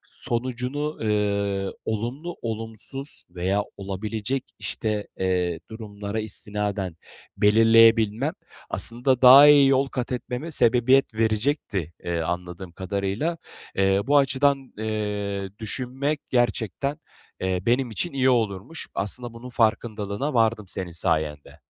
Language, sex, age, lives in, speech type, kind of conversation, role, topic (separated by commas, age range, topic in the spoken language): Turkish, male, 25-29, Bulgaria, conversation, advice, user, Değişime nasıl daha açık olabilirim ve yeni şeyleri denerken kendimi nasıl motive edebilirim?